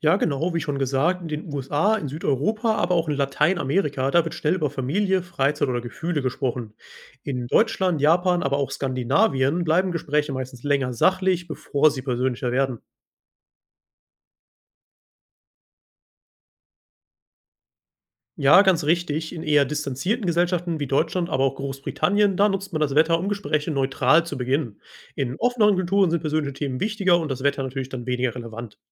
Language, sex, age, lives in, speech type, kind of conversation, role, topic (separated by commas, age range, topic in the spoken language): German, male, 25-29, Germany, read, expert-assistant, expert, Warum sprechen Menschen so oft über das Wetter, und was sagt das über unsere Kultur aus?